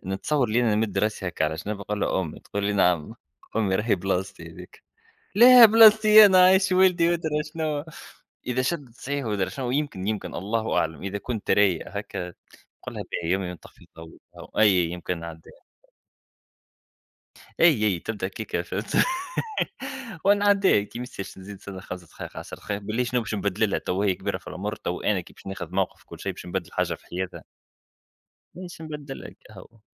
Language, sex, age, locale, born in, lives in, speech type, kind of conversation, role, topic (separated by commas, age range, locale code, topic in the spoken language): Arabic, male, 30-34, ar-TN, Tunisia, United States, conversation, unstructured, none, تتصرف إزاي لما حد يرفض يعتذر؟